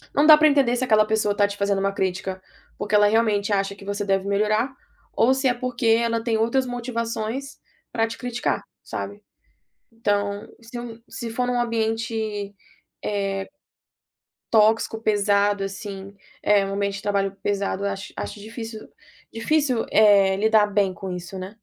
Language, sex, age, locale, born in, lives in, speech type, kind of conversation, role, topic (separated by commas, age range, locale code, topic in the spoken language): Portuguese, female, 25-29, pt-BR, Brazil, United States, conversation, podcast, guest, Como você incorpora feedback sem perder sua visão?